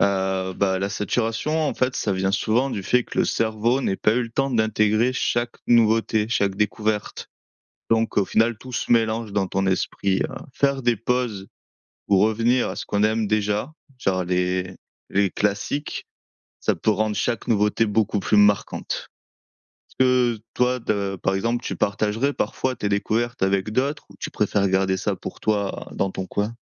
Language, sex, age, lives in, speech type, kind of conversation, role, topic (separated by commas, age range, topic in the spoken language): French, male, 25-29, France, read, expert-assistant, expert, Comment mieux profiter de ses envies culturelles sans se laisser submerger ?